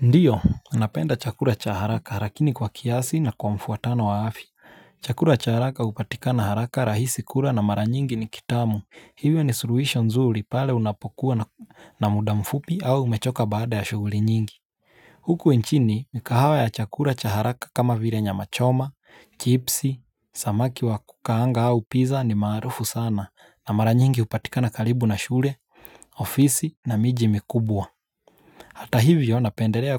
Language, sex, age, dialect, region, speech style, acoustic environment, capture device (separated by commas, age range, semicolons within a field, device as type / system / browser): Swahili, male, 25-34, Kenya - Mombasa, Kenya, relaxed, silence, mobile / Linux / Chrome